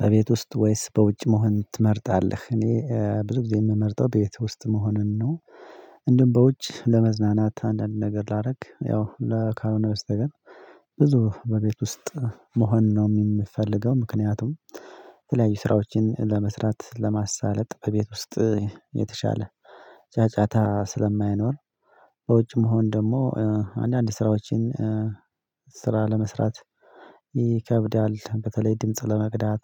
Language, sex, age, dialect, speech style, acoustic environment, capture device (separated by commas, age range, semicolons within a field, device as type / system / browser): Amharic, male, 25-34, Ethiopia - Addis Ababa, happy; anxious; tired; relaxed; nervous; excited; focused; stressed, music; people talking; nature; silence; traffic; construction; appliances, mobile / Linux / Chrome